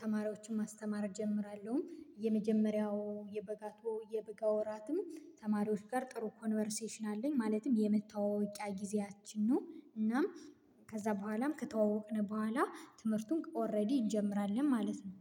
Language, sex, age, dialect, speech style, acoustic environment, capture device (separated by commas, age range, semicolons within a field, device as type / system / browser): Amharic, female, 25-34, Ethiopia - Gojjam, excited, silence, mobile / Linux / Chrome